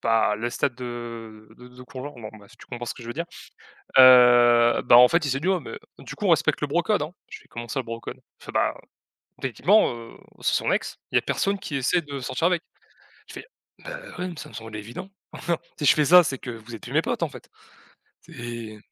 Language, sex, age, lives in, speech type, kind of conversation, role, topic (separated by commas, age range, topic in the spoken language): French, male, 20-24, France, conversation, podcast, guest, Parle-moi d’une fois où tu t’es senti vraiment connecté aux autres ?